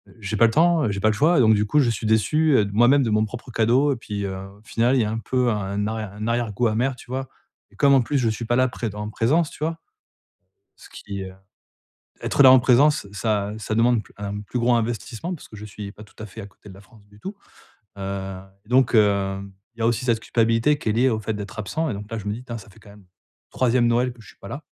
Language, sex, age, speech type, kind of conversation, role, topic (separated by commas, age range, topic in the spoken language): French, male, 40-44, conversation, advice, user, Comment choisir un cadeau vraiment significatif pour un proche ?